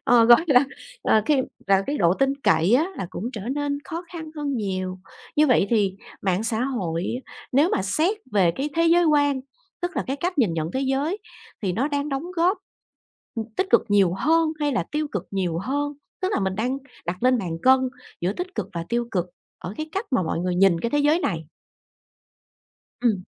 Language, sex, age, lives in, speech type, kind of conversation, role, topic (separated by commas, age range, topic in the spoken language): Vietnamese, female, 40-44, Vietnam, conversation, podcast, host, Bạn nghĩ mạng xã hội ảnh hưởng đến cách chúng ta nhìn nhận thế giới như thế nào?